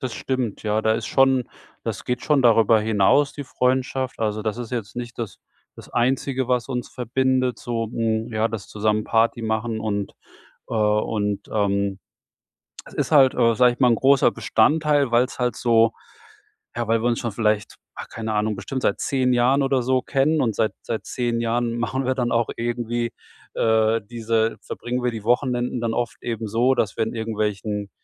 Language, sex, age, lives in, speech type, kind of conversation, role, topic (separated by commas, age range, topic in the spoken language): German, male, 45-49, Germany, conversation, advice, user, Wie kann ich an Feiern teilnehmen, ohne mich zu verstellen?